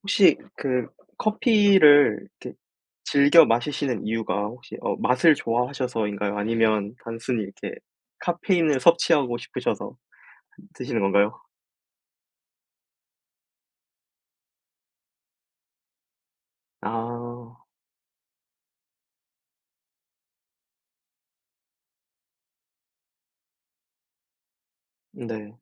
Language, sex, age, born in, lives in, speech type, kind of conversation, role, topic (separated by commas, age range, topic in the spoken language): Korean, male, 20-24, South Korea, South Korea, conversation, unstructured, none, 커피와 차 중 어떤 음료를 더 좋아하시나요?